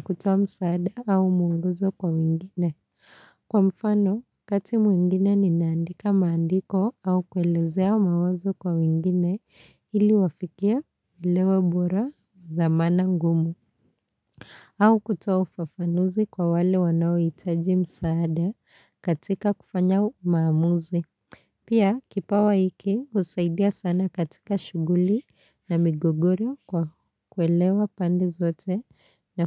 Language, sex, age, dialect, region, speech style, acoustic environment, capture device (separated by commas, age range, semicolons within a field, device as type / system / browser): Swahili, female, 25-34, Kenya - Nairobi Sheng-influenced, Kenya, happy; relaxed; focused, people talking; nature; silence; appliances, mobile / Linux / Chrome